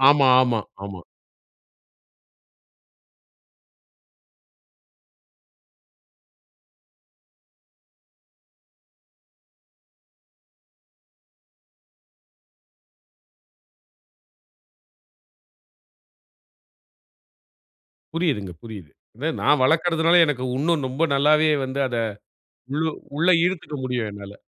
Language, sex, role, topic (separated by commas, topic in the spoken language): Tamil, male, host, சோர்வாக இருக்கும் உடலை மீட்டெடுக்க உங்களுக்கு உதவிய தினசரி பழக்கங்கள் என்ன?